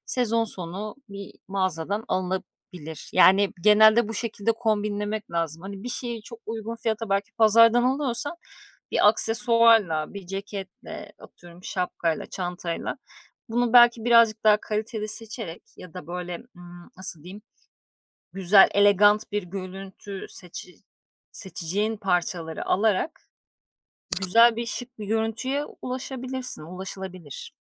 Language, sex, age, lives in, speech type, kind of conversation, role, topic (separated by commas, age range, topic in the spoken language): Turkish, female, 35-39, Greece, conversation, podcast, guest, Uygun bir bütçeyle nasıl şık görünebilirsiniz?